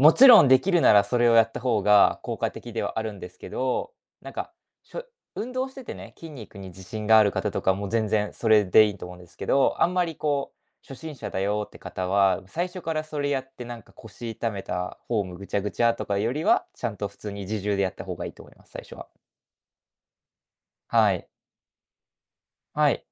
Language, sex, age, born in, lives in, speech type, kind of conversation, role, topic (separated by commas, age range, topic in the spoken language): Japanese, male, 20-24, Japan, Japan, conversation, podcast, guest, 軽い運動で楽になるためには、どんな方法がいいですか？